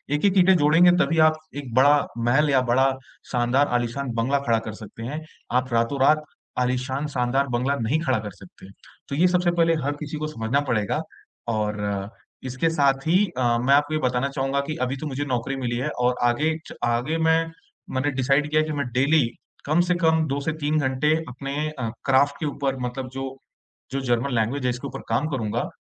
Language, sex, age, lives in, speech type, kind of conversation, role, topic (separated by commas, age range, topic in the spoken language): Hindi, male, 25-29, India, conversation, advice, user, जब सब कुछ अनिश्चित लगे, तो बदलाव के बीच छोटी-छोटी सफलताओं से उम्मीद कैसे बनाए रखें?